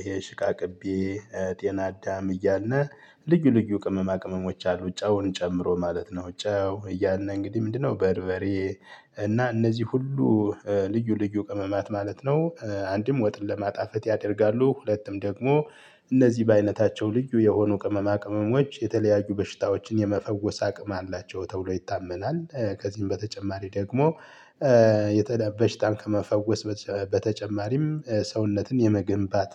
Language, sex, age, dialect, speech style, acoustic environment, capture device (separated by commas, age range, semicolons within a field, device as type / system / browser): Amharic, male, 35-44, Ethiopia - Gojjam, happy, people talking, mobile / Linux / Chrome